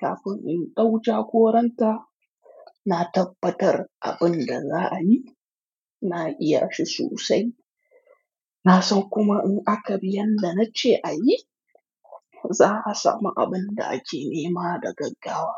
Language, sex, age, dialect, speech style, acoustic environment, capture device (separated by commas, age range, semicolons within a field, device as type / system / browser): Hausa, male, 25-34, Nigeria - Kano, relaxed, silence, mobile / Linux / Chrome